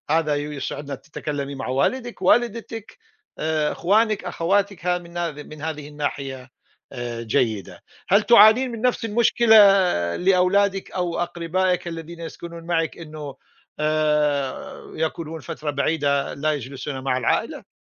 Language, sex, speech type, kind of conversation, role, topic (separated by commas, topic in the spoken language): Arabic, male, conversation, unstructured, none, إيه الطرق اللي بتخلي العيلة تحس بالسعادة وهي مع بعض؟